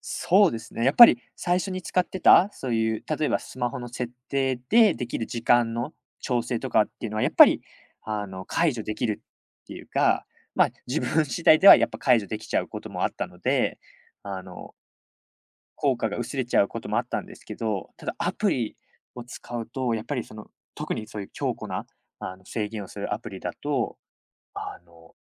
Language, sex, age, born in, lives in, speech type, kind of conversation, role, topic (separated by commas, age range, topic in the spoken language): Japanese, male, 20-24, United States, Japan, conversation, podcast, guest, 通知やスマホの扱い、どうしてる？